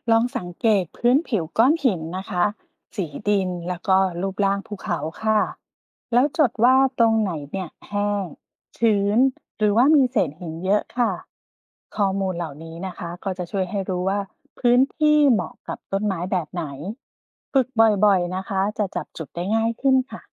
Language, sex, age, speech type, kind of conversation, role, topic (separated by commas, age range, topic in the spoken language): Thai, female, 40-44, read, expert-assistant, expert, การสังเกตธรรมชาติรอบตัว เช่น ภูเขา หิน หรือดิน ช่วยให้เข้าใจหลักการธรณีวิทยาได้อย่างไร และมีวิธีฝึกสังเกตอย่างมีประสิทธิภาพไหม?